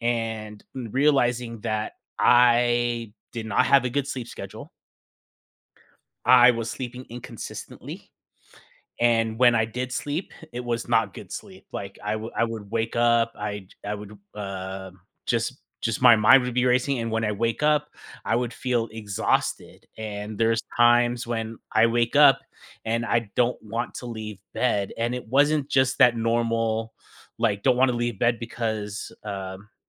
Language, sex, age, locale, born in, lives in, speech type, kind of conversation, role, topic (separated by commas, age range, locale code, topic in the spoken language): English, male, 40-44, en-US, United States, United States, conversation, podcast, guest, Why is getting enough sleep essential for our overall well-being?